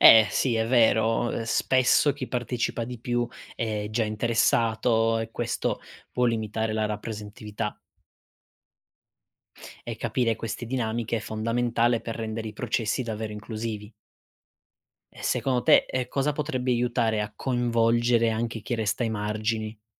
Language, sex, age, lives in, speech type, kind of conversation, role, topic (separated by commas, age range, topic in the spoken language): Italian, male, 25-29, Italy, read, expert-assistant, expert, Quando si parla di 'astensionismo elettorale', che cosa si intende e quali motivi possono portare a non votare?